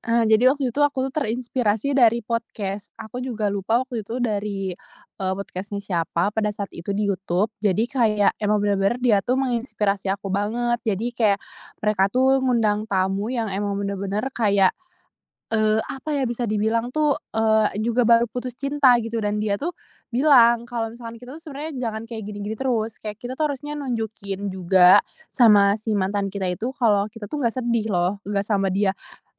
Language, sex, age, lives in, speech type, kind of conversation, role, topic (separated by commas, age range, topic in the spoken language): Indonesian, female, 20-24, Indonesia, conversation, podcast, guest, Bagaimana kamu bangkit saat merasa kehilangan arah?